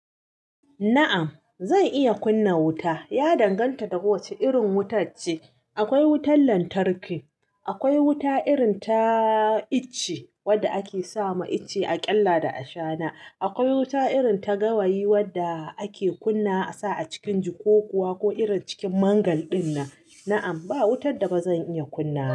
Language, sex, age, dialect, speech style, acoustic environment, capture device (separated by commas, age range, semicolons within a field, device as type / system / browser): Hausa, female, 35-44, Nigeria - Katsina, happy; nervous; anxious, appliances; silence; nature, mobile / Linux / Chrome